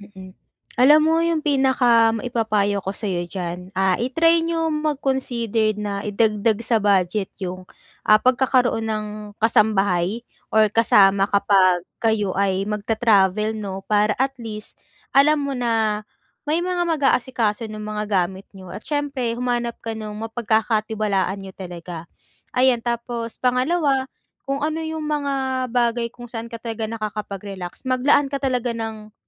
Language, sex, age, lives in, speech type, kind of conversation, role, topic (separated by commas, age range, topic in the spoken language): Filipino, female, 20-24, Philippines, conversation, advice, advisor, Paano ko maibsan ang stress habang naglalakbay sa malayo?